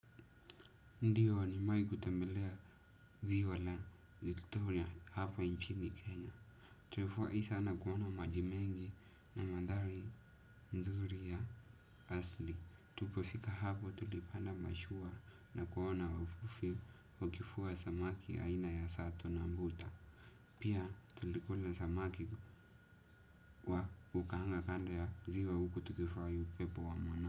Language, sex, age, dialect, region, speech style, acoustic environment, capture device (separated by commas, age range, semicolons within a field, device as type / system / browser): Swahili, male, 18-24, Kenya - Nairobi Sheng-influenced, Kenya, happy, silence, desktop / Windows / Edge